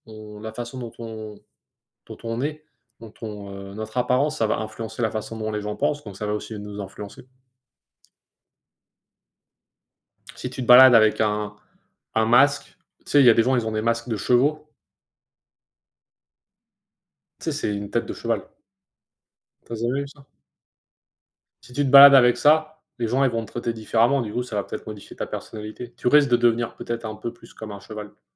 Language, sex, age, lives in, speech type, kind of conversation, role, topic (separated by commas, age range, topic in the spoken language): French, male, 25-29, United States, conversation, unstructured, none, Que se passerait-il si la technologie nous permettait de vivre plusieurs vies simultanément ?